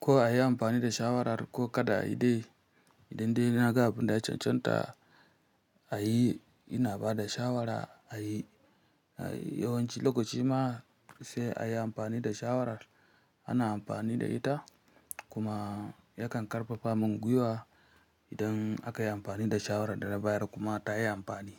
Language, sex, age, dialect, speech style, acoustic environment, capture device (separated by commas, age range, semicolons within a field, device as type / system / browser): Hausa, female, 25-34, Nigeria - Kano, happy; relaxed; focused, nature; silence, mobile / Linux / Chrome